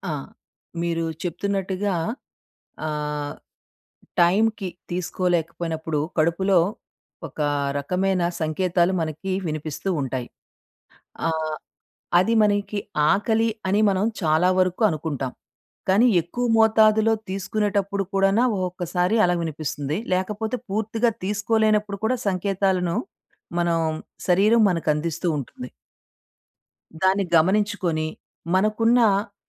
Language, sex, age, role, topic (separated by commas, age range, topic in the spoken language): Telugu, female, 40-44, guest, మీకు అనిపిస్తున్న ఆకలి నిజమైనదా, లేక కేవలం అలవాటా అని మీరు ఎలా గుర్తిస్తారు?